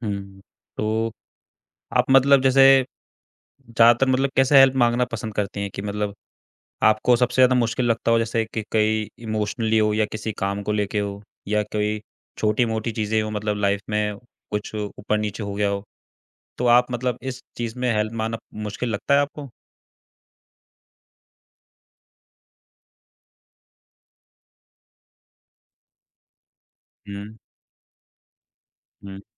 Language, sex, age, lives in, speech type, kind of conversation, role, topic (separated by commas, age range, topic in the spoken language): Hindi, male, 25-29, India, conversation, podcast, host, Kya tum kabhi madad maangte ho, aur kaise maangte ho?